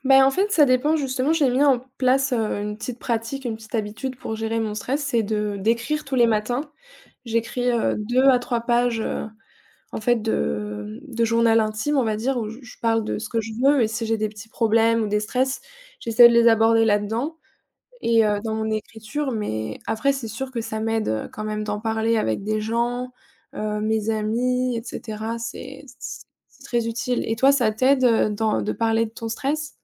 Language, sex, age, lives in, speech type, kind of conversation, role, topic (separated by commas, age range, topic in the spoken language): French, female, 20-24, France, conversation, unstructured, none, Comment gères-tu le stress au quotidien ?